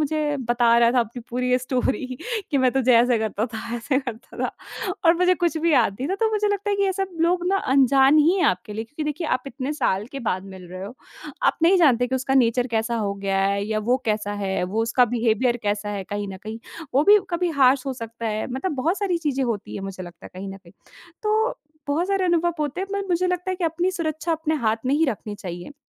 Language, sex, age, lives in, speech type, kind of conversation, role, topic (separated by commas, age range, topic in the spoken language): Hindi, female, 20-24, India, conversation, podcast, guest, किसी अजनबी से दोस्ती की शुरुआत कैसे करें?